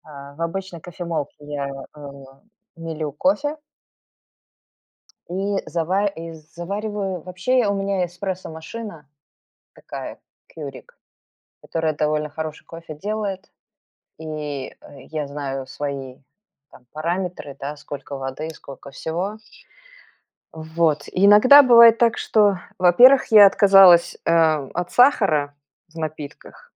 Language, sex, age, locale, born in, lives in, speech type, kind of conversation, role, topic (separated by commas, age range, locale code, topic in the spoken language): Russian, female, 45-49, ru-RU, Belarus, United States, conversation, podcast, guest, Как у вас проходит утренний ритуал с чаем или кофе?